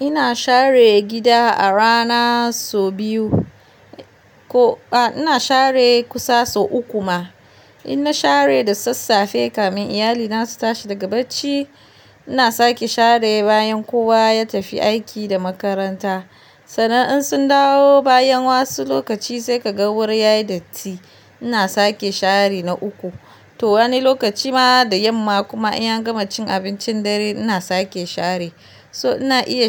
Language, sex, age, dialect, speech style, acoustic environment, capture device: Hausa, female, 25-34, Nigeria - Kano, relaxed; focused; happy, nature; silence, mobile / Linux / Chrome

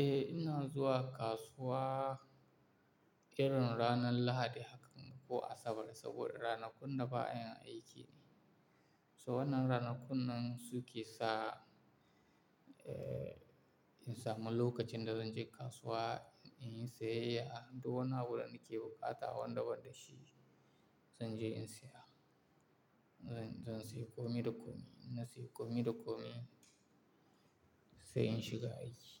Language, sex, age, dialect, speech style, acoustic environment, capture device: Hausa, male, 18-24, Nigeria - Kano, tired, appliances, mobile / Linux / Chrome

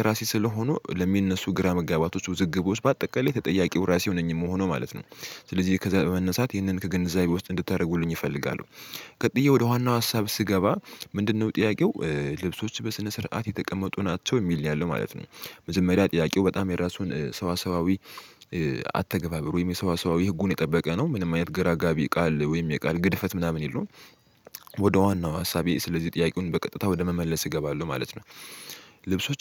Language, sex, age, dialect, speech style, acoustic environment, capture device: Amharic, male, 18-24, Ethiopia - Addis Ababa, happy, silence, mobile / Linux / Chrome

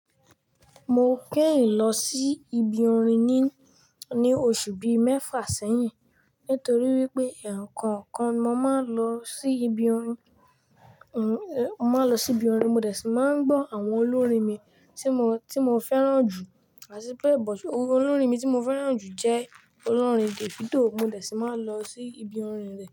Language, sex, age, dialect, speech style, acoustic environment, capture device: Yoruba, male, 18-24, Nigeria - Lagos Yoruba, relaxed; excited, nature; silence, mobile / Linux / Chrome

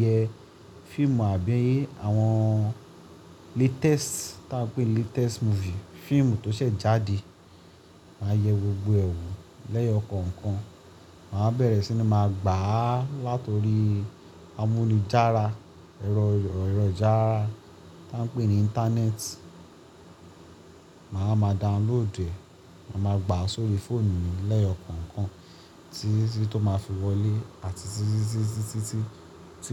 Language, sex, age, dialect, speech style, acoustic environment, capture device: Yoruba, male, 25-34, Nigeria - Lagos Yoruba, happy, appliances, mobile / Linux / Chrome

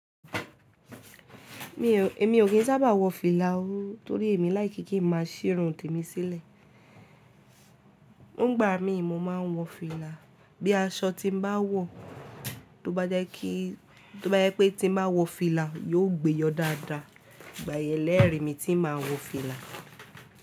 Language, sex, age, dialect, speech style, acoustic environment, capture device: Yoruba, female, 18-24, Nigeria - Ibadan, focused, silence, mobile / Linux / Chrome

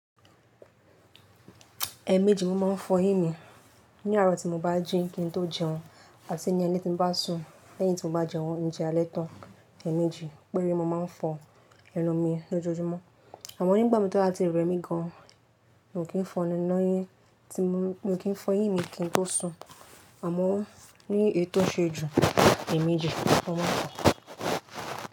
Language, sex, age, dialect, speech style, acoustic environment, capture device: Yoruba, female, 18-24, Nigeria - Lagos Yoruba, happy, appliances, mobile / Linux / Chrome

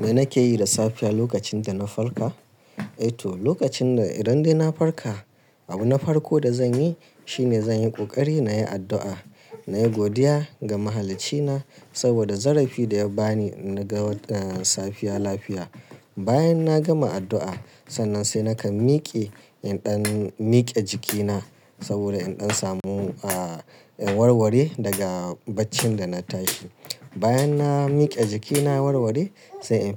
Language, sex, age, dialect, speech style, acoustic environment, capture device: Hausa, male, 35-44, Nigeria - Kano, nervous; excited; focused; stressed; relaxed; tired; anxious; happy, traffic; construction; appliances; silence; nature; music; people talking, mobile / Linux / Chrome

